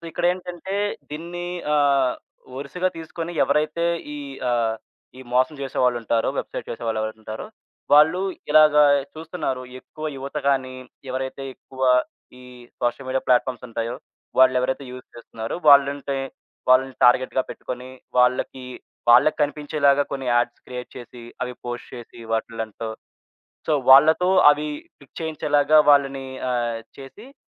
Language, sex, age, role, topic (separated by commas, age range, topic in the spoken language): Telugu, male, 20-24, guest, ఆన్‌లైన్‌ షాపింగ్‌ చేస్తూ మీరు ఏ ఏ జాగ్రత్తలు తీసుకుంటారు?